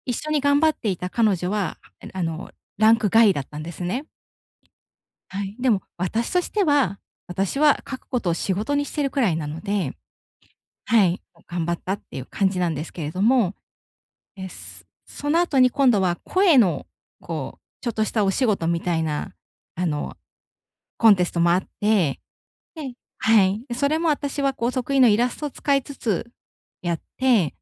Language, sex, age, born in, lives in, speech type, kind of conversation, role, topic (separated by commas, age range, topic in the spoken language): Japanese, female, 35-39, Japan, Japan, conversation, advice, user, 同年代と比べてしまうプレッシャーを減らすには、どうすればいいですか？